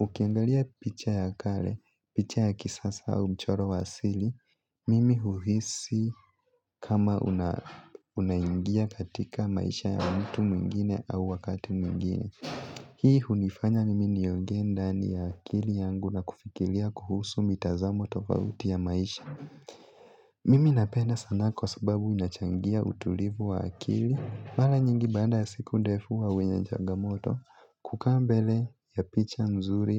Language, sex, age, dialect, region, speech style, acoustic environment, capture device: Swahili, male, 18-24, Kenya - Nairobi Sheng-influenced, Kenya, focused, people talking; nature; appliances, mobile / Linux / Chrome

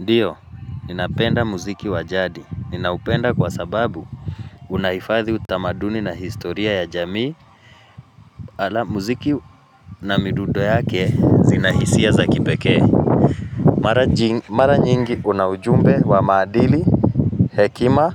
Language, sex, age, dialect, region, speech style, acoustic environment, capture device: Swahili, male, 35-44, Kenya - Nairobi Sheng-influenced, Kenya, focused, nature, mobile / Linux / Chrome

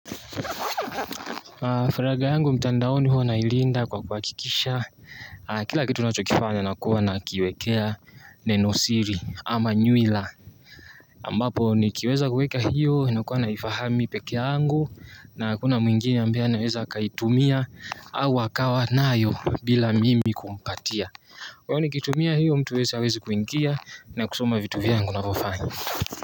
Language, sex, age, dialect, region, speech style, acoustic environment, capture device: Swahili, male, 18-24, Tanzania - Dar es Salaam, Tanzania, anxious, nature, mobile / Linux / Chrome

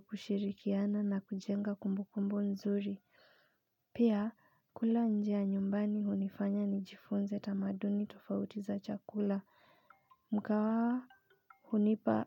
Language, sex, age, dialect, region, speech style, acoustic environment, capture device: Swahili, female, 25-34, Kenya - Nairobi Sheng-influenced, Kenya, relaxed, appliances, mobile / Linux / Chrome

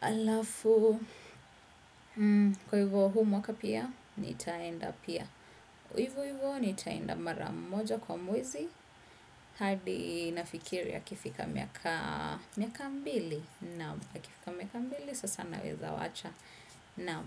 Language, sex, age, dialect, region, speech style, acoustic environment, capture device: Swahili, female, 25-34, Kenya - Nairobi Sheng-influenced, Kenya, focused, silence; appliances, desktop / Windows / Chrome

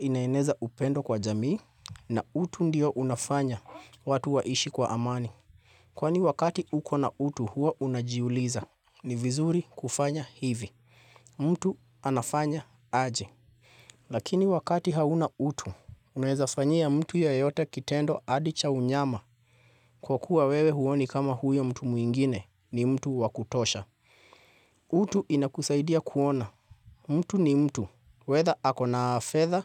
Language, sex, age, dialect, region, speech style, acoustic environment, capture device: Swahili, male, 25-34, Kenya - Nairobi Sheng-influenced, Kenya, happy, silence, mobile / Linux / Chrome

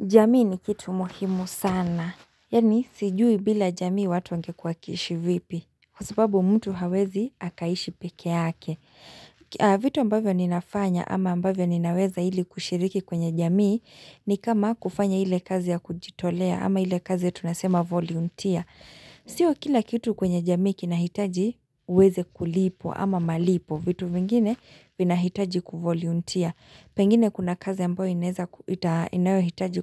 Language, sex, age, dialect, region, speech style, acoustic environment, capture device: Swahili, female, 25-34, Kenya - Nairobi Sheng-influenced, Kenya, relaxed; excited; focused, silence, mobile / Linux / Chrome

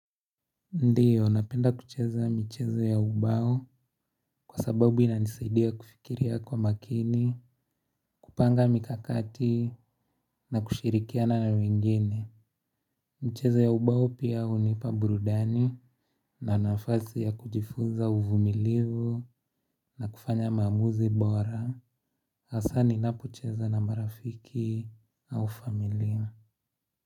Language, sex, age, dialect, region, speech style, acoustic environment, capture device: Swahili, male, 18-24, Kenya - Nairobi Sheng-influenced, Kenya, relaxed; focused, traffic; nature; silence, mobile / Linux / Chrome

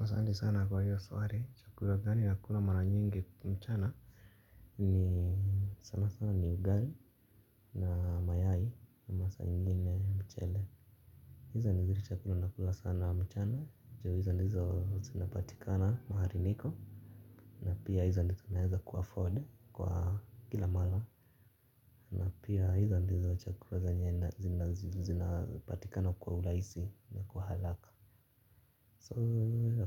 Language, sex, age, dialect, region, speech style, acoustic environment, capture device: Swahili, male, 25-34, Kenya - Nairobi Sheng-influenced, Kenya, relaxed, nature, mobile / Linux / Chrome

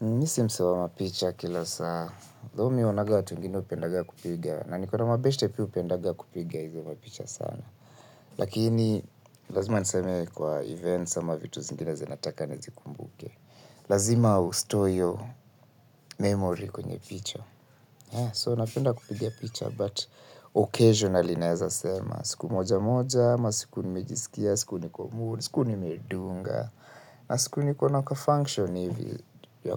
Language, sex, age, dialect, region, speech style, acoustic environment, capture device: Swahili, male, 25-34, Kenya - Nairobi Sheng-influenced, Kenya, relaxed, silence, mobile / Linux / Chrome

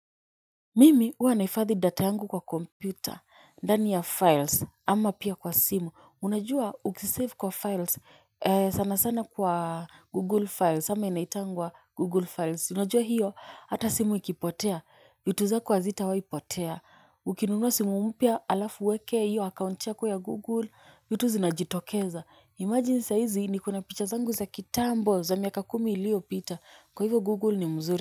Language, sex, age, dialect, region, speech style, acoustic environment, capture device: Swahili, female, 25-34, Kenya - Nairobi Sheng-influenced, Kenya, nervous, people talking; nature, mobile / Linux / Chrome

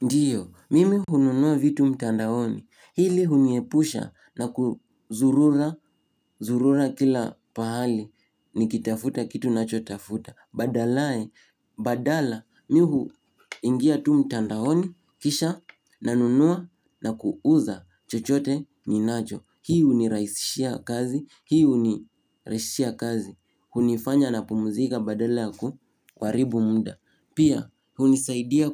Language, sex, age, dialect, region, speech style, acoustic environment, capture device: Swahili, male, 18-24, Kenya - Nairobi Sheng-influenced, Kenya, happy, silence, mobile / Linux / Chrome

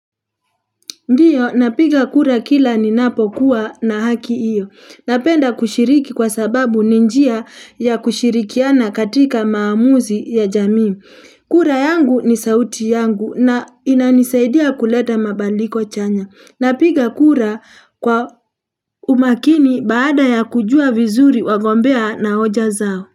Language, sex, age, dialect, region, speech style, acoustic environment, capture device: Swahili, female, 35-44, Kenya - Nairobi Sheng-influenced, Kenya, happy; excited; focused; relaxed, people talking; construction; traffic; appliances; nature, mobile / Linux / Chrome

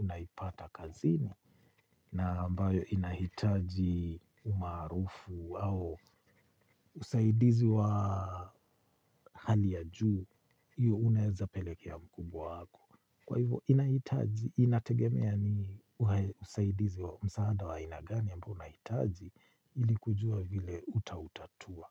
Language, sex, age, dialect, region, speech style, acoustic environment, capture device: Swahili, male, 45-54, Kenya - Nairobi Sheng-influenced, Kenya, relaxed, silence, mobile / Linux / Chrome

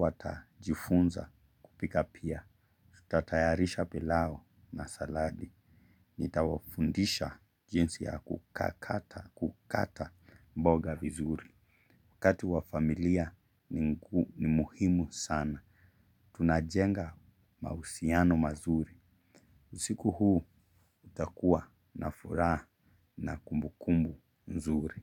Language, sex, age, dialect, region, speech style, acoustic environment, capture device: Swahili, male, 25-34, Kenya - Mombasa, Kenya, focused; relaxed, silence, mobile / Linux / Chrome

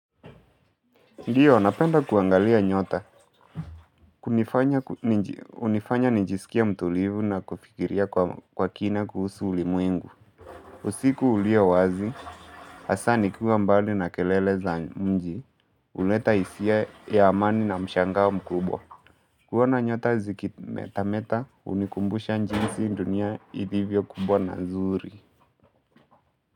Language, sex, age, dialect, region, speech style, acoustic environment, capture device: Swahili, male, 18-24, Kenya - Mombasa, Kenya, relaxed, nature, mobile / Linux / Chrome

